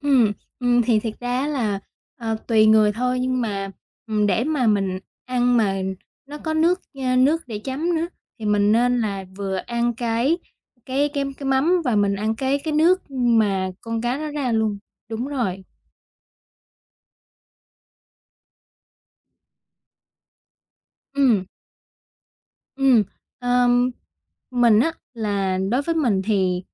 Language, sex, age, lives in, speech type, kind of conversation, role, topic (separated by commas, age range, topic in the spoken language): Vietnamese, female, 20-24, Vietnam, conversation, podcast, guest, Món ăn địa phương nào bạn từng thử khiến bạn ấn tượng nhất?